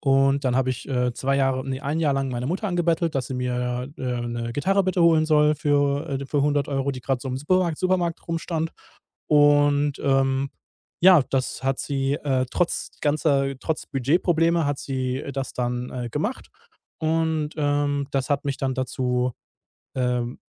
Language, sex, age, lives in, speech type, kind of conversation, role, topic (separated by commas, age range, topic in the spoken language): German, male, 30-34, Germany, conversation, podcast, guest, Wie hast du mit deinem kreativen Hobby angefangen?